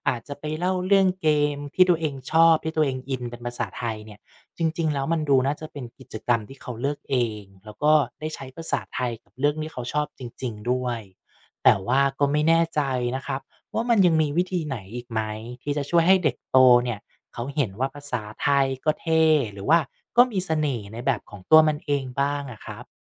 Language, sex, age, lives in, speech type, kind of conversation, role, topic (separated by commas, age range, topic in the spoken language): Thai, male, 35-39, Thailand, read, expert-assistant, asker, พ่อแม่ที่ทำงานยุ่งจะหาวิธีไหนให้ลูกได้ใช้ภาษาไทยในชีวิตประจำวันมากขึ้น?